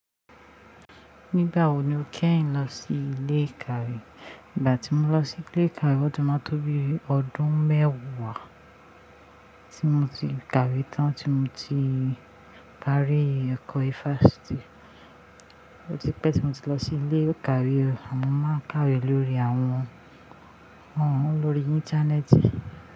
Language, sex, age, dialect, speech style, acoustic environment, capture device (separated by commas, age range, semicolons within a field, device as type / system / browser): Yoruba, female, 25-34, Nigeria - Ijebu, happy, appliances, mobile / Linux / Chrome